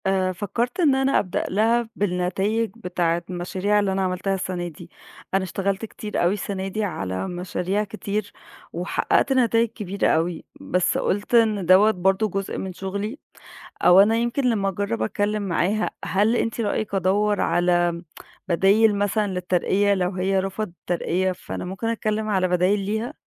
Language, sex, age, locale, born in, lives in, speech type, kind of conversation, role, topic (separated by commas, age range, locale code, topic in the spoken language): Arabic, female, 30-34, ar-EG, Egypt, Egypt, conversation, advice, user, إزاي أطلب ترقية وأنا شاكك إني مستحقها؟